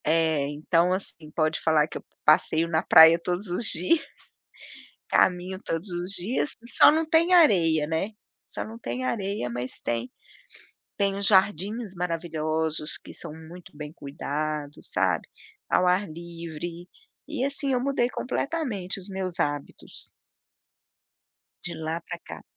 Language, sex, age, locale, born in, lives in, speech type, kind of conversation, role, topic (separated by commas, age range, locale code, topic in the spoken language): Portuguese, female, 55-59, pt-BR, Brazil, United States, conversation, podcast, guest, Qual hábito diário mudou a sua saúde?